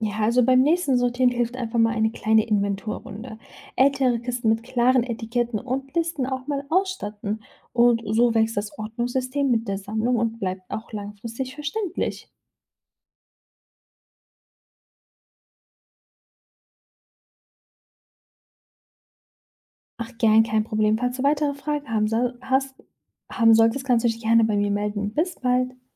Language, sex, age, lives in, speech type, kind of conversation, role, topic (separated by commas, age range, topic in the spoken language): German, female, 25-29, Germany, read, expert-assistant, expert, Welche Strategien helfen dabei, eine vielseitige Sammlung übersichtlich und spannend zu organisieren?